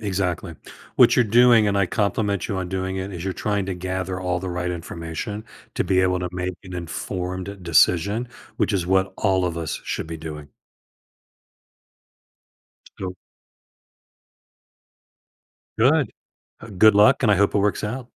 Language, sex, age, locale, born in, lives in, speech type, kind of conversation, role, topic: English, male, 65-69, en-US, United States, United States, conversation, advice, advisor, How can I stay resilient and rebuild confidence after a personal setback?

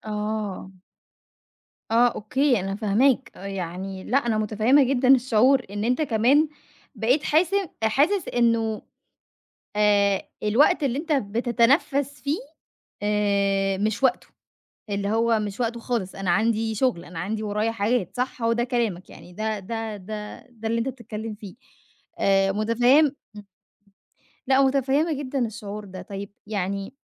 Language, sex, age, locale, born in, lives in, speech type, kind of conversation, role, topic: Arabic, female, 25-29, ar-EG, Egypt, Egypt, conversation, advice, advisor, إزاي أوصف شعوري بالذنب لما باخد استراحة وسط يوم شغل زحمة؟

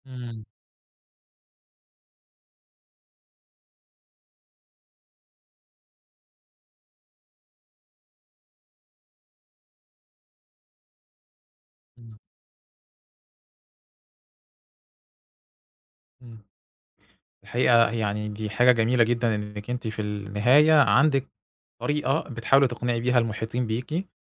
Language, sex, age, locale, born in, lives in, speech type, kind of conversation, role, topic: Arabic, male, 40-44, ar-EG, Egypt, Egypt, conversation, podcast, host, إيه العادة العائلية اللي نفسك تغيّرها، وليه؟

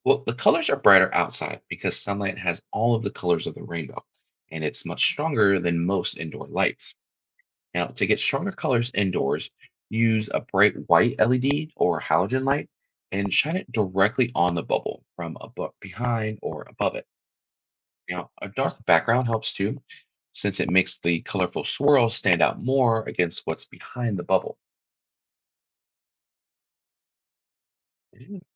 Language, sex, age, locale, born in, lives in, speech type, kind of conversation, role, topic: English, male, 30-34, en-US, United States, United States, read, expert-assistant, expert, How does a rainbow form in a soap bubble?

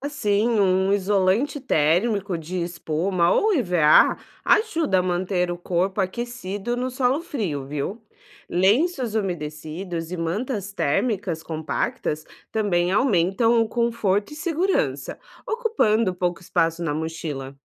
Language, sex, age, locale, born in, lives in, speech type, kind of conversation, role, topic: Portuguese, female, 30-34, pt-BR, Brazil, Portugal, read, expert-assistant, expert, Qual é o melhor jeito de planejar um acampamento para garantir que tudo corra bem, mesmo se o tempo mudar de repente?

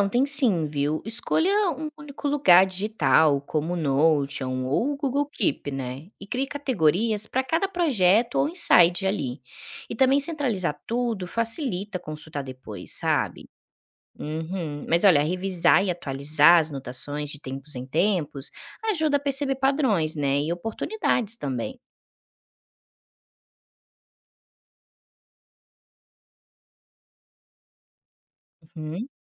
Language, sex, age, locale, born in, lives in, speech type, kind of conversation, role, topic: Portuguese, female, 25-29, pt-BR, Brazil, Spain, read, expert-assistant, expert, Como priorizar ideias de negócio quando tudo parece promissor? Quais critérios ajudam a identificar o que deve receber atenção e investimento primeiro?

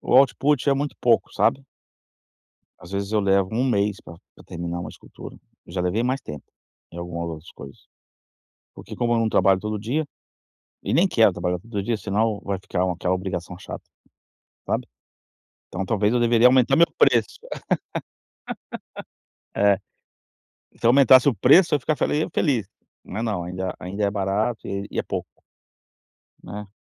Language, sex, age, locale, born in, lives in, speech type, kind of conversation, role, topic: Portuguese, male, 45-49, pt-BR, Brazil, United States, conversation, advice, user, Como posso manter a motivação quando minha paixão esfriou?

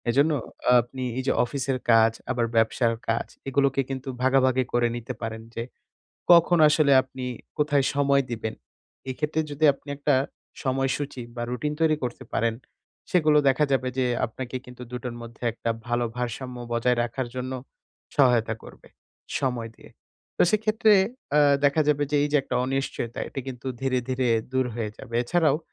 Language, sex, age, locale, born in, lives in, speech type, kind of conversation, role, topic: Bengali, male, 20-24, bn-BD, Bangladesh, Bangladesh, conversation, advice, advisor, অনিশ্চয়তার মধ্যে কীভাবে মানসিক স্থিতিশীলতা বজায় রাখা যায়?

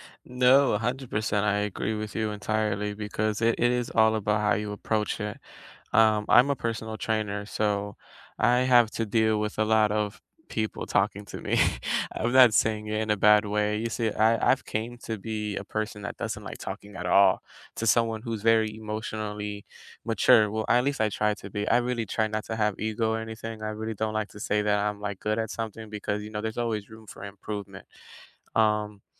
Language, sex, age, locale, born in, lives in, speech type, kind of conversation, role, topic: English, male, 20-24, en-US, United States, United States, conversation, unstructured, none, How do you keep a conversation respectful when you disagree?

